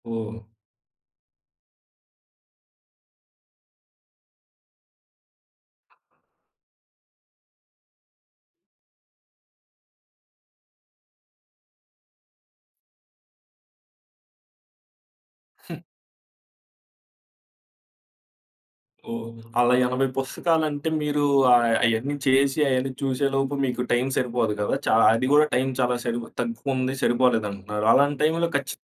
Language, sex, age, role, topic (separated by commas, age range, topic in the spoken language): Telugu, male, 25-29, host, ఒంటరిగా పనిచేసేటప్పుడు పనిపై ప్రేరణను ఎలా నిలుపుకుంటారు?